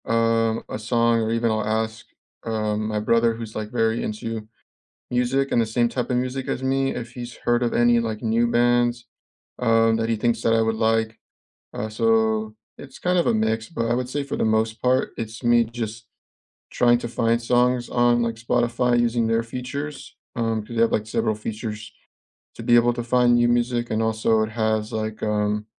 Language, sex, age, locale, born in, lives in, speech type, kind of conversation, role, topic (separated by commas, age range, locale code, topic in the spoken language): English, male, 25-29, en-US, United States, United States, conversation, unstructured, none, Which songs or genres instantly lift your mood, and what memories make them special?